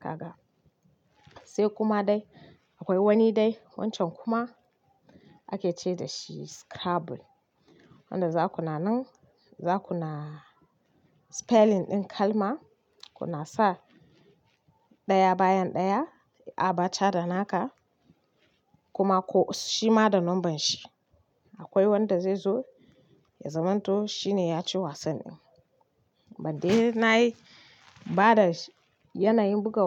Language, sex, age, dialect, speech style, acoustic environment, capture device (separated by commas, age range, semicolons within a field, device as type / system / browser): Hausa, female, 25-34, Nigeria - Kano, happy; focused, appliances; people talking, mobile / Linux / Chrome